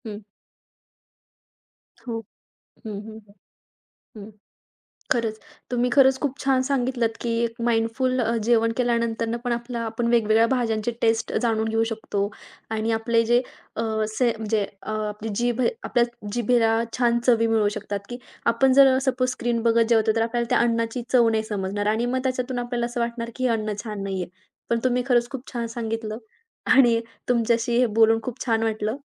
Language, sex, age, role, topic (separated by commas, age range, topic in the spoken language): Marathi, female, 20-24, host, खाण्यापिण्यात सजगता कशी आणावी?